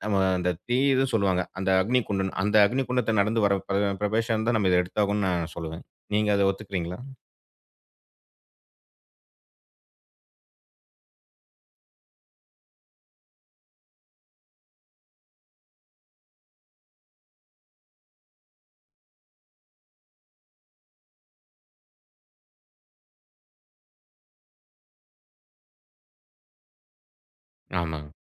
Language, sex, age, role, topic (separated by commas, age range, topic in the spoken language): Tamil, male, 35-39, host, வீட்டை வாங்க வேண்டுமா அல்லது வாடகையில் இருப்பதுதான் சிறந்ததா என்பதை நீங்கள் எப்படி முடிவு செய்தீர்கள்?